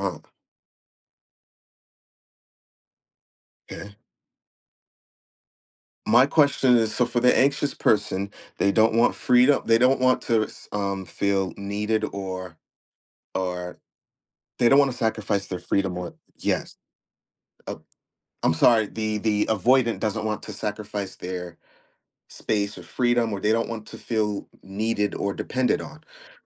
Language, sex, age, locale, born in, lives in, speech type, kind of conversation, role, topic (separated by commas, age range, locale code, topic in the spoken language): English, male, 30-34, en-US, United States, United States, conversation, unstructured, none, How can learning about our attachment styles help us build healthier relationships?